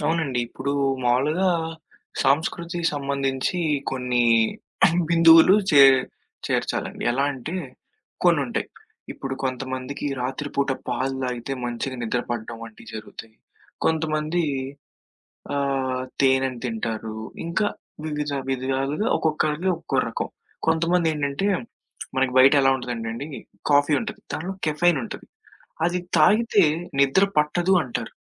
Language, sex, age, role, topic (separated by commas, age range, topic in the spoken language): Telugu, male, 20-24, guest, రాత్రిపూట బాగా నిద్రపోవడానికి ఇంట్లో ఏ వస్తువులు ఎక్కువగా సహాయపడతాయని మీరు అనుకుంటారు?